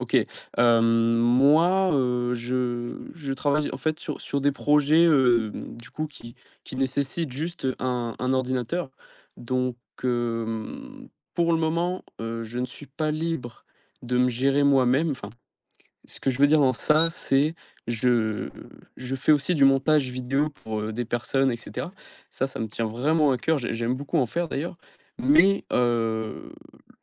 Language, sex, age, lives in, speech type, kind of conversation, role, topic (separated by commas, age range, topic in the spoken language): French, male, 18-19, France, conversation, podcast, guest, Qu’est-ce qui compte le plus pour toi dans la vie ?